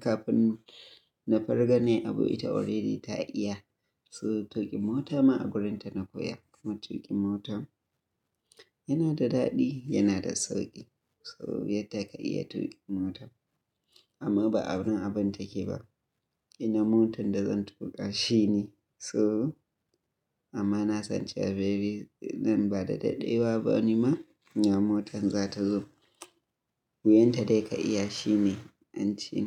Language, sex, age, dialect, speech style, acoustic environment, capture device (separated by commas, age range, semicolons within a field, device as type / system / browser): Hausa, male, 25-34, Nigeria - Kano, happy; excited, silence; nature, mobile / Linux / Chrome